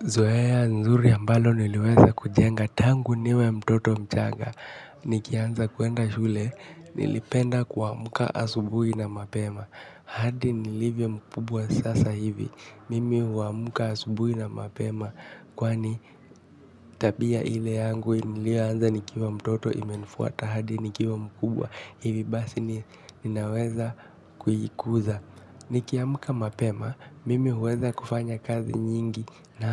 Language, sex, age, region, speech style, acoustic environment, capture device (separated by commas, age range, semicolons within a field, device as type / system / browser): Swahili, male, 18-24, Kenya, focused, nature, mobile / Linux / Chrome